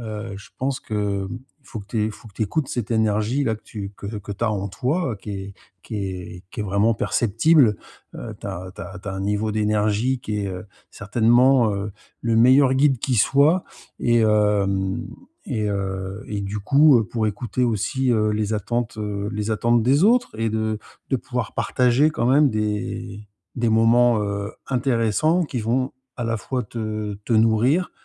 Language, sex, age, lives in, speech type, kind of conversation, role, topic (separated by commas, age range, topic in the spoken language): French, male, 50-54, Spain, conversation, advice, advisor, Comment trouver un bon équilibre entre vie sociale et solitude ?